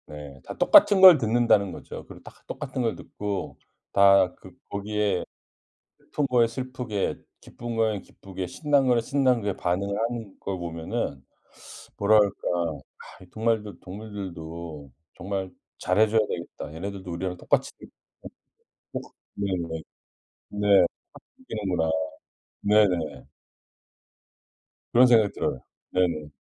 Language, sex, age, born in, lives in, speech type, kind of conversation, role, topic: Korean, male, 55-59, South Korea, United States, conversation, podcast, guest, 음악이 집중에 도움이 된다고 느끼시나요, 그리고 그렇게 생각하시는 이유는 무엇인가요?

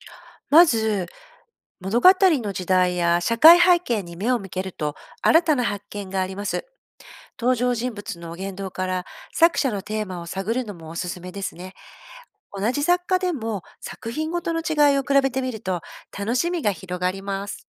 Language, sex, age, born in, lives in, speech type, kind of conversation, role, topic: Japanese, female, 50-54, Japan, Japan, read, expert-assistant, expert, 現代小説やエッセイをより楽しむにはどうすればよいですか？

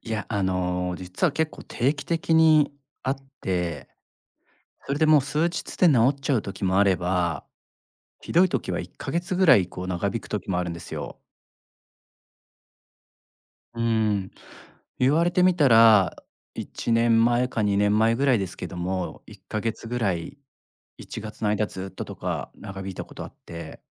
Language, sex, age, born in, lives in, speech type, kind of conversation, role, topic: Japanese, male, 40-44, Japan, Japan, conversation, advice, user, 回復プランを立てたいのですが、何から始めればよいですか？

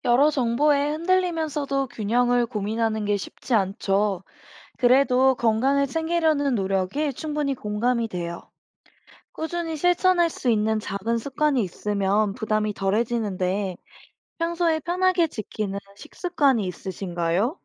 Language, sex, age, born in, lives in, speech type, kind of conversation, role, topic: Korean, female, 25-29, South Korea, United States, read, expert-assistant, expert, 믿을 만한 영양 정보는 어디서 찾아볼 수 있을까요?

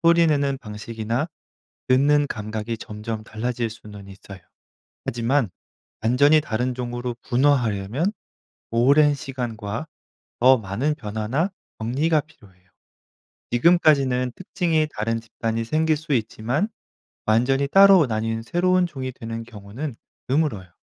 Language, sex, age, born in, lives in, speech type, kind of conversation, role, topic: Korean, male, 35-39, South Korea, France, read, expert-assistant, expert, 곤충은 어떻게 소리를 내나요?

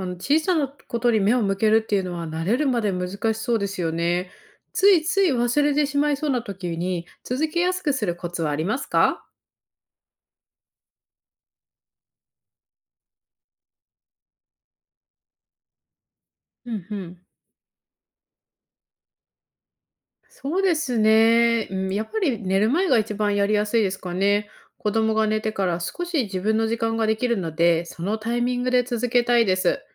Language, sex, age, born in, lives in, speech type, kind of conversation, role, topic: Japanese, female, 30-34, Japan, United States, read, expert-assistant, asker, 多忙な毎日の中で、心の健康を維持するためにできる現実的な工夫にはどんなものがありますか？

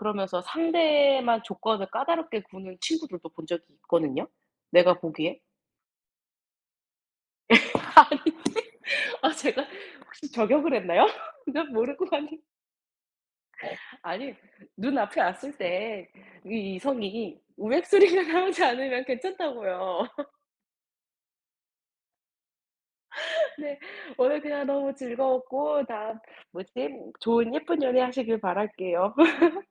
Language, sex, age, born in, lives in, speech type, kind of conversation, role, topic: Korean, female, 30-34, South Korea, United States, conversation, unstructured, none, 좋아하는 사람에게 마음을 어떻게 표현하나요?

